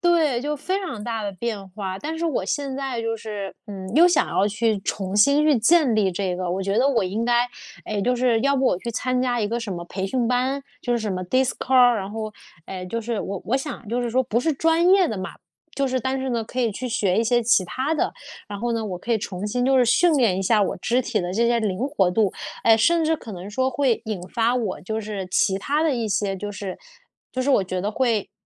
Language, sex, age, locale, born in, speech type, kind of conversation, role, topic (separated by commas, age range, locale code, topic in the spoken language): Chinese, female, 30-34, zh-CN, China, conversation, advice, user, 如何从失败中重建习惯